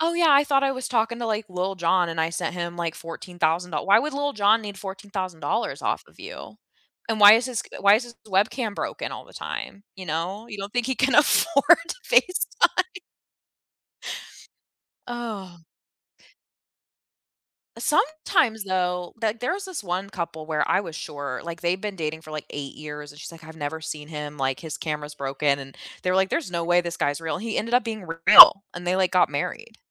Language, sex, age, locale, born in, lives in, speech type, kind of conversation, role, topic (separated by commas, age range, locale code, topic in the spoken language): English, female, 25-29, en-US, United States, United States, conversation, unstructured, none, What reality TV moments can’t you stop talking about, and why?